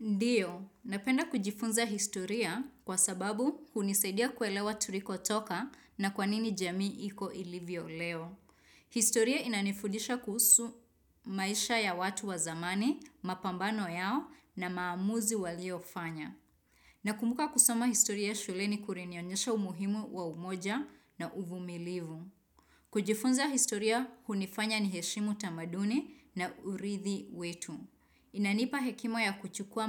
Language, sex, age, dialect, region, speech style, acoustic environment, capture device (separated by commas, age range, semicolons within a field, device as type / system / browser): Swahili, female, 25-34, Kenya - Nairobi Sheng-influenced, Kenya, happy; excited; focused; relaxed, nature, desktop / Windows / Chrome